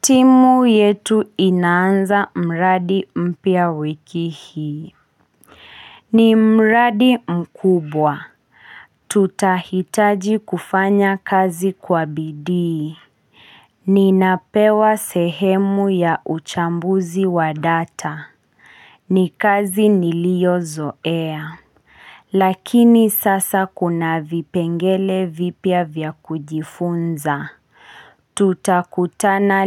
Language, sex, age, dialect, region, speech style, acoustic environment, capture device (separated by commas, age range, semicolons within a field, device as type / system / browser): Swahili, female, 25-34, Kenya - Kiambu, Kenya, anxious, silence, mobile / Linux / Chrome